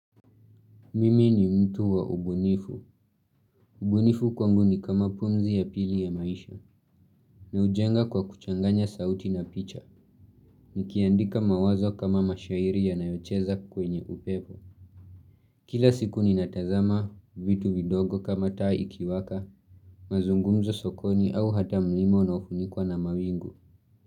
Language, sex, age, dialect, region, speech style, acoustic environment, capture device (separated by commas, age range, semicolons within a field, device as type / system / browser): Swahili, male, 18-24, Kenya - Nairobi Sheng-influenced, Kenya, happy; focused, nature; silence, mobile / Linux / Chrome